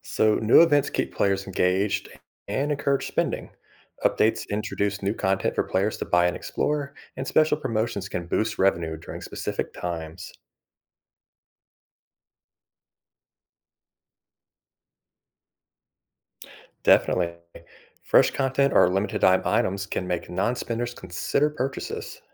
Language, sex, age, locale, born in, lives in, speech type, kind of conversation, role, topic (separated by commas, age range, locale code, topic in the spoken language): English, male, 30-34, en-US, United States, United States, read, expert-assistant, expert, How are mobile games monetized effectively?